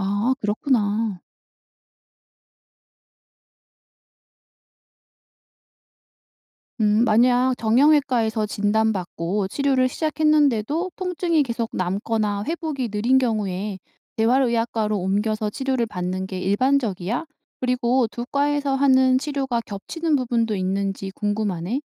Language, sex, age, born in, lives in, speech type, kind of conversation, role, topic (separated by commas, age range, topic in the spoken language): Korean, female, 35-39, South Korea, South Korea, read, expert-assistant, asker, 무릎 부상 시 정형외과와 재활의학과 중 어디를 먼저 가야 할까요?